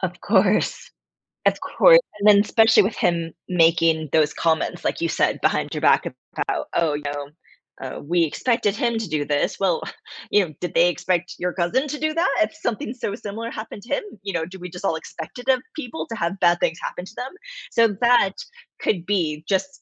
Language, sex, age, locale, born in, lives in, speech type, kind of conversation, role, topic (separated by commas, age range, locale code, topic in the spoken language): English, female, 40-44, en-US, United States, United States, conversation, advice, advisor, How do I confront a friend who betrayed my trust and decide what to do next?